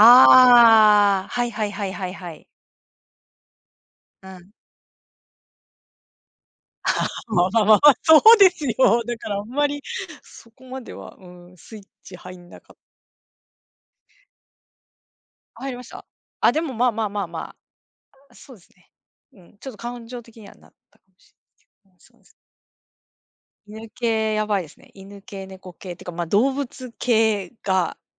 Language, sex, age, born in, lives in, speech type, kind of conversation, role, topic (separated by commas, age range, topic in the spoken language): Japanese, female, 45-49, Japan, Japan, conversation, unstructured, none, 涙を流すことは心に良い影響がありますか？